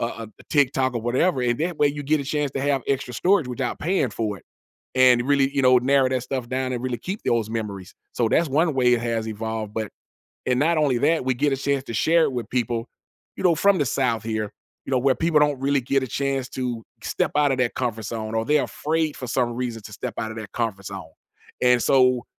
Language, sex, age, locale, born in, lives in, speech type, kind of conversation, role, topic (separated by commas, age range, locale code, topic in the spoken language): English, male, 45-49, en-US, United States, United States, conversation, podcast, guest, What draws people to explore new places when they travel?